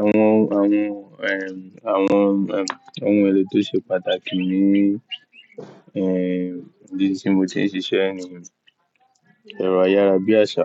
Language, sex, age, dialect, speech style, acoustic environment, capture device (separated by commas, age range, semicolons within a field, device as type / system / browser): Yoruba, male, 18-24, Nigeria - Ibadan, happy, nature, mobile / Linux / Chrome